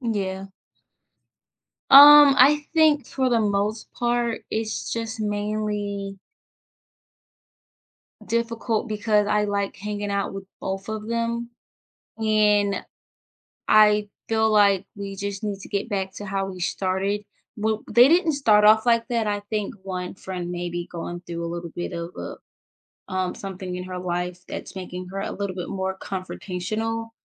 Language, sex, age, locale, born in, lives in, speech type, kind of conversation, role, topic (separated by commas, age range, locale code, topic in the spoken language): English, female, 25-29, en-US, United States, United States, conversation, advice, user, How do I mediate a conflict between two close friends without choosing sides?